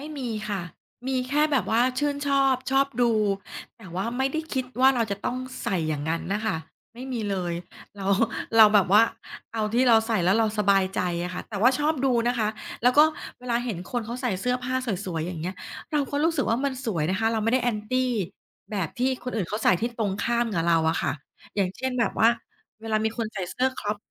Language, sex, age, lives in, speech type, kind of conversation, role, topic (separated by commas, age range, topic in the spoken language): Thai, female, 40-44, Thailand, conversation, podcast, guest, การแต่งตัวส่งผลต่อความมั่นใจของคุณอย่างไรบ้าง?